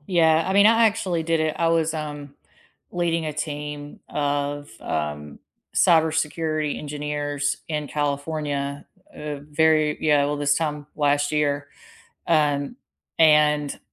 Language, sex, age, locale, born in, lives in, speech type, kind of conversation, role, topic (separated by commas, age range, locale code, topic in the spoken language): English, female, 40-44, en-US, United States, United States, conversation, unstructured, none, Which wearables do you actually wear most often for fitness, sleep, or notifications?